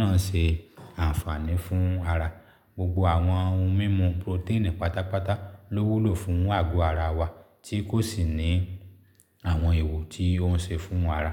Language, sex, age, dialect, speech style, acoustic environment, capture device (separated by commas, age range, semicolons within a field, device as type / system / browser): Yoruba, male, 35-44, Nigeria - Lagos Yoruba, happy; excited; focused; relaxed, silence, mobile / Linux / Chrome